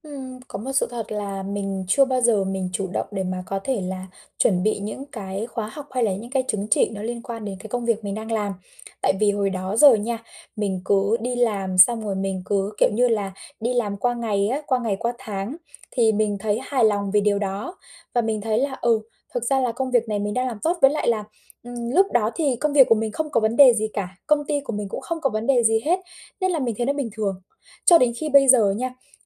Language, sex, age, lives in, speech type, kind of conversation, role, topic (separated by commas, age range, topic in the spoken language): Vietnamese, female, 25-29, Vietnam, conversation, advice, user, Làm sao để biến sai lầm thành cơ hội học hỏi?